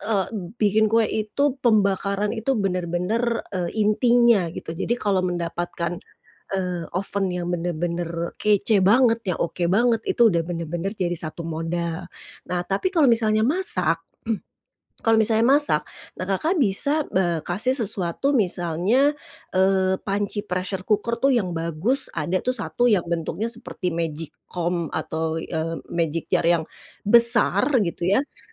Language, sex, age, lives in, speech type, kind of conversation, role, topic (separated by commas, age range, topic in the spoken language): Indonesian, female, 45-49, Indonesia, conversation, advice, advisor, Bagaimana cara menemukan hadiah yang tepat untuk orang yang berbeda-beda?